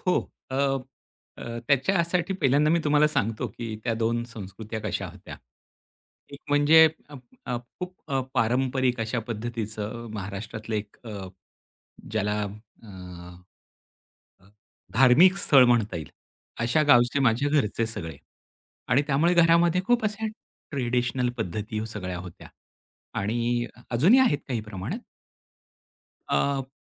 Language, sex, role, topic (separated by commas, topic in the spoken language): Marathi, male, guest, तुझ्या बालपणात दोन संस्कृतींचा संगम कसा अनुभवला?